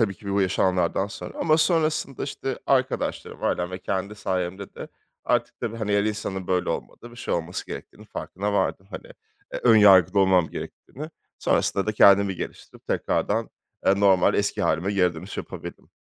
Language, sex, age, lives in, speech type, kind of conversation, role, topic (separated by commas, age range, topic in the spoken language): Turkish, male, 30-34, France, conversation, podcast, guest, İlişkide güven senin için ne anlama geliyor?